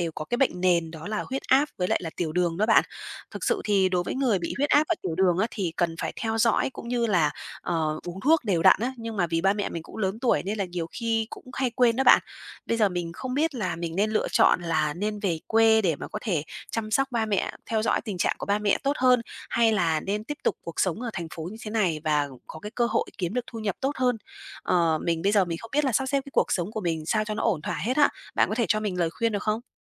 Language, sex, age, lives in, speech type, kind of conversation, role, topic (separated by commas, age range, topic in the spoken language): Vietnamese, female, 30-34, Vietnam, conversation, advice, user, Làm sao sắp xếp cuộc sống để chăm sóc cha mẹ già?